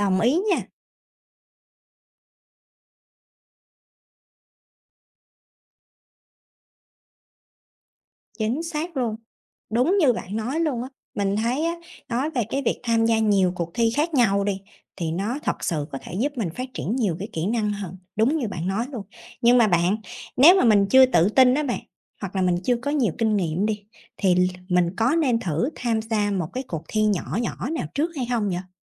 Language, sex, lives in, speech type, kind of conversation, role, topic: Vietnamese, female, Vietnam, read, expert-assistant, asker, Lợi ích của việc tham gia các cuộc thi là gì?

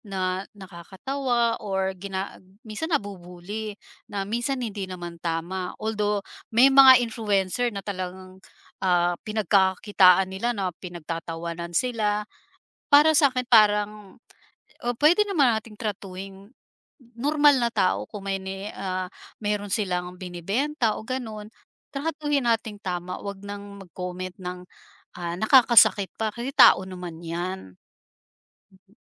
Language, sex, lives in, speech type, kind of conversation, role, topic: Filipino, female, Philippines, conversation, podcast, guest, Ano ang mga nakikita mong problema sa representasyon sa midya?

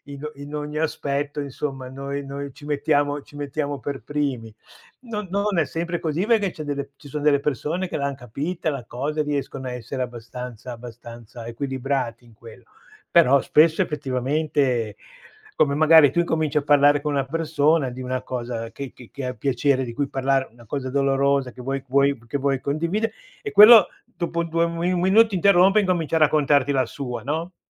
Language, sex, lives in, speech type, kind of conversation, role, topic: Italian, male, Italy, conversation, unstructured, none, Come reagisci quando qualcuno minimizza i tuoi ricordi dolorosi?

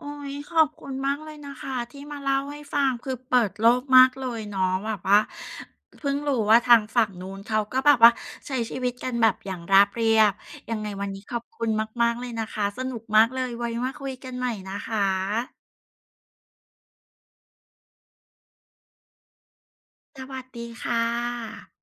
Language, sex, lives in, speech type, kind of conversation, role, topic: Thai, female, Thailand, conversation, podcast, host, เวลามีเทรนด์ใหม่เข้ามา คุณตัดสินใจว่าจะรับหรือปฏิเสธอย่างไร?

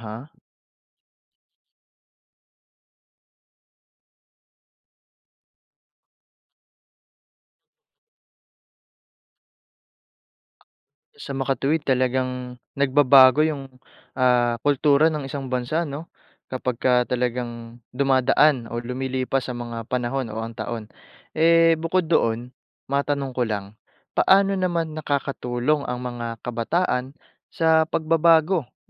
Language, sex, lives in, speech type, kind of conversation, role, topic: Filipino, male, Philippines, read, expert-assistant, asker, Paano nagbabago ang kultura ng isang bansa sa paglipas ng panahon?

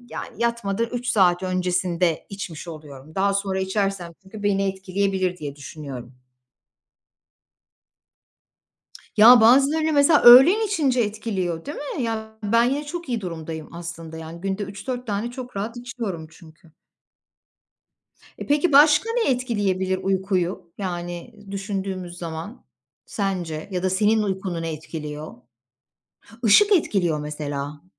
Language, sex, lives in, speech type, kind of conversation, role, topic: Turkish, female, Poland, conversation, unstructured, none, Uykusuzluk günlük hayatımızı nasıl etkiler?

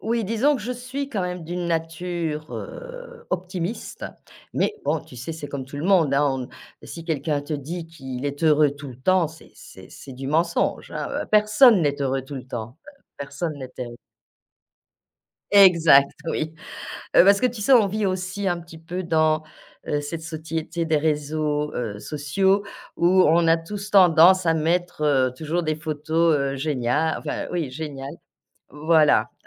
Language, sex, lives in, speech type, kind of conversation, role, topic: French, female, France, conversation, podcast, guest, Qu’est-ce qui t’aide à reprendre confiance en toi après un échec ?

